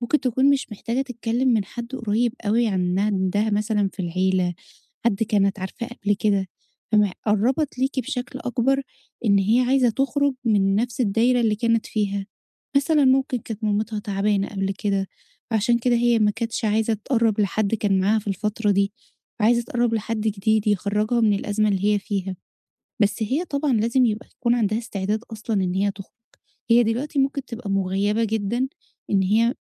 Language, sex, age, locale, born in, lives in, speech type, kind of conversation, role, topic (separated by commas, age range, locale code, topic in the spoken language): Arabic, female, 30-34, ar-EG, Egypt, Egypt, conversation, advice, advisor, إزاي أساعد حد بيعدّي بخسارة كبيرة وبيحس بحزن شديد؟